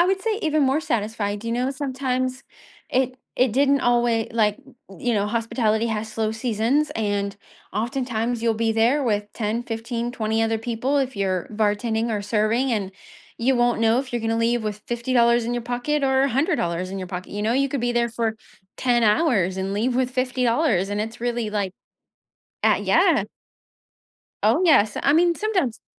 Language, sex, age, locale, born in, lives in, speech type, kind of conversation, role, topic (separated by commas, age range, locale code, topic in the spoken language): English, female, 30-34, en-US, United States, United States, conversation, advice, user, How can I change careers after many years?